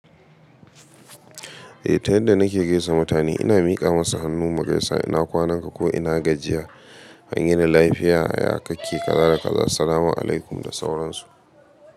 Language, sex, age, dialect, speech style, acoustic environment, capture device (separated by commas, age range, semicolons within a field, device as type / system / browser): Hausa, male, 25-34, Nigeria - Katsina, happy, silence, mobile / Linux / Chrome